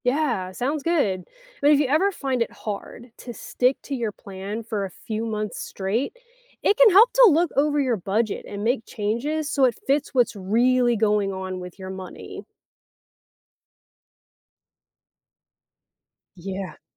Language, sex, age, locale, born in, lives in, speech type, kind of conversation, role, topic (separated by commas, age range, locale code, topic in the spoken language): English, female, 35-39, en-US, United States, United States, read, expert-assistant, expert, Why should people learn how to manage their money?